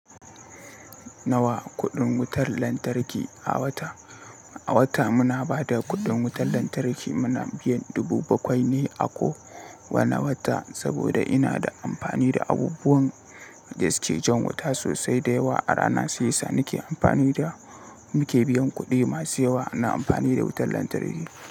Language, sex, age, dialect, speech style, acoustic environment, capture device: Hausa, male, 18-24, Nigeria - Katsina, happy, people talking, mobile / Linux / Chrome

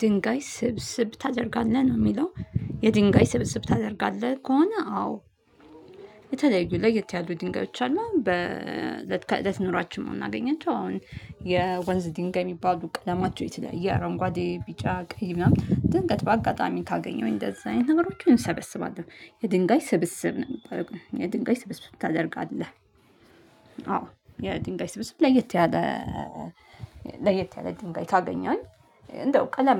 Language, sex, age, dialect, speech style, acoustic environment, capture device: Amharic, female, 35-44, Ethiopia - Addis Ababa, happy, nature, mobile / Linux / Chrome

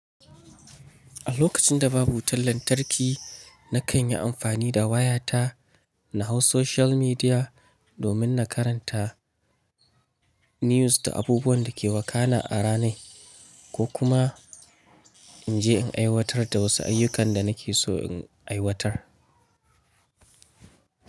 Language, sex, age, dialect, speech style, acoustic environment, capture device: Hausa, male, 25-34, Nigeria - Nigerian Standard, focused, nature, mobile / Linux / Chrome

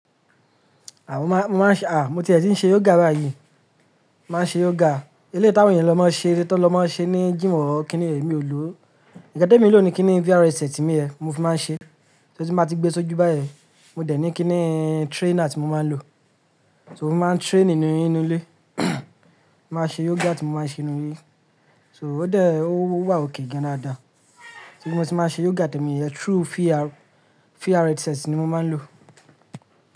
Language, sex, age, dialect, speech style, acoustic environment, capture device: Yoruba, male, 25-34, Nigeria - Lagos Yoruba, relaxed; focused; happy; excited, silence, mobile / Linux / Chrome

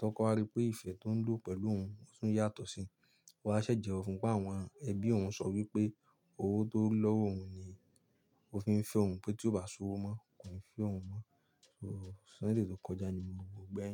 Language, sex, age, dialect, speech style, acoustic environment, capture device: Yoruba, male, 25-34, Nigeria - Nigerian Standard, relaxed; focused, silence, mobile / Linux / Chrome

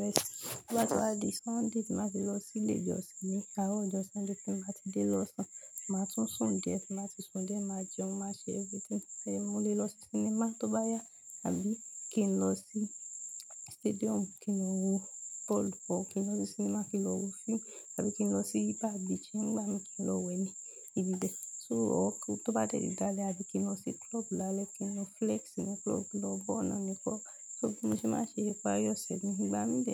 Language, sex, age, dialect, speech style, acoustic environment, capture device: Yoruba, female, 25-34, Nigeria - Lagos Yoruba, relaxed, silence, mobile / Linux / Chrome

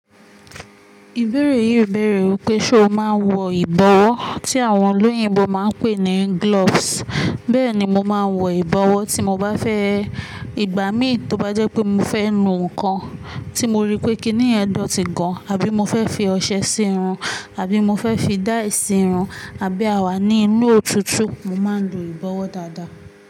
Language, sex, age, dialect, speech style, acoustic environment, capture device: Yoruba, female, 18-24, Nigeria - Ibadan, focused, appliances, mobile / Linux / Chrome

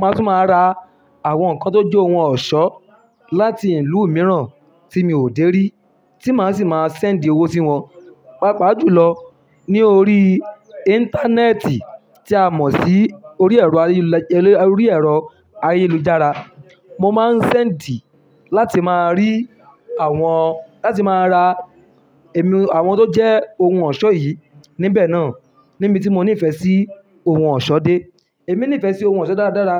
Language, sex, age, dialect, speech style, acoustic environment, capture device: Yoruba, male, 25-34, Nigeria - Ibadan, happy, people talking, mobile / Linux / Chrome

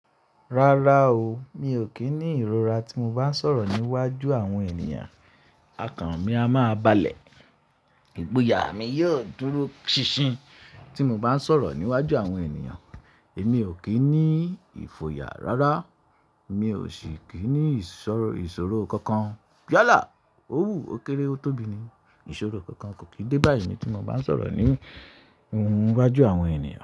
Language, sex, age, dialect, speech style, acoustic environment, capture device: Yoruba, male, 25-34, Nigeria - Ibadan, happy; anxious; excited; focused, silence, mobile / Linux / Chrome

